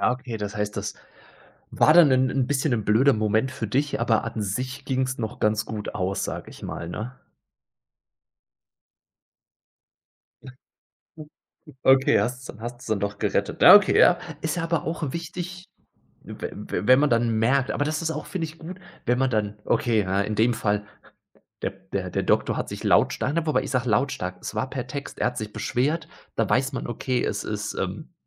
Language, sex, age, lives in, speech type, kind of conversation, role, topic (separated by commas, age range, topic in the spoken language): German, male, 30-34, Germany, conversation, podcast, host, Was führt deiner Erfahrung nach zu E‑Mail‑Missverständnissen?